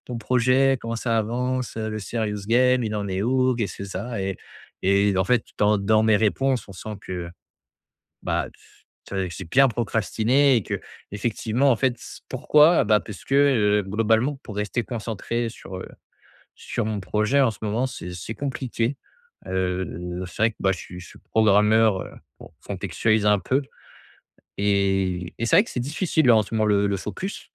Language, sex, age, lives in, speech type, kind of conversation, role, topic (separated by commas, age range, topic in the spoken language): French, male, 25-29, France, conversation, advice, user, Comment puis-je arrêter de procrastiner et rester concentré ?